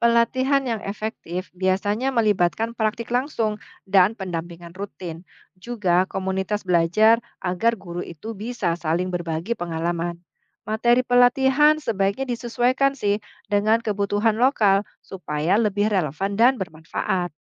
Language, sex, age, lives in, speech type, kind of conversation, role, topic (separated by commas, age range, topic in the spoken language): Indonesian, female, 45-49, Indonesia, read, expert-assistant, expert, Apa saja solusi efektif untuk mengatasi kesenjangan akses pendidikan antara daerah perkotaan dan pedesaan di Indonesia?